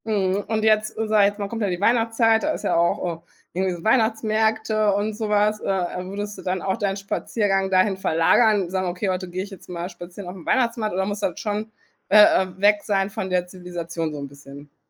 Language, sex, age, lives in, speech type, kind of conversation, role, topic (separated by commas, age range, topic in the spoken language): German, female, 40-44, Germany, conversation, podcast, host, Was macht dir beim Spazierengehen besonders Freude?